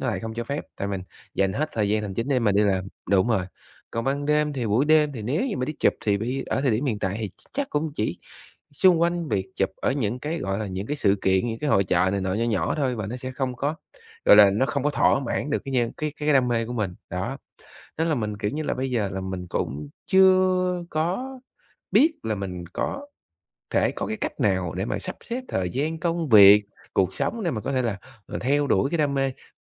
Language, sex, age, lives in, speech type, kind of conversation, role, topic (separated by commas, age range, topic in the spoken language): Vietnamese, male, 30-34, Vietnam, conversation, advice, user, Tôi không có đủ thời gian để theo đuổi đam mê cá nhân, vậy tôi nên làm gì?